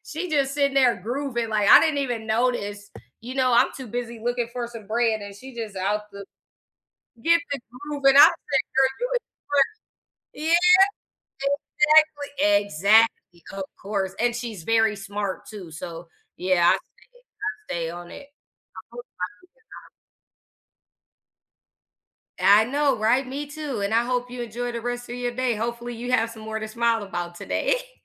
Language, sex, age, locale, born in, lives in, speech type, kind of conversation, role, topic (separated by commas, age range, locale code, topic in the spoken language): English, female, 30-34, en-US, United States, United States, conversation, unstructured, none, What is one thing that always makes you smile?